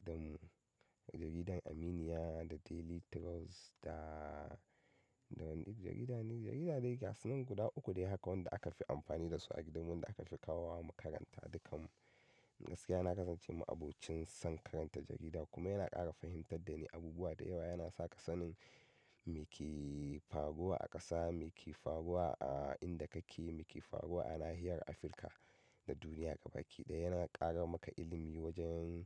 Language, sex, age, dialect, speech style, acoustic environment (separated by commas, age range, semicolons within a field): Hausa, male, 25-34, Nigeria - Katsina, happy, silence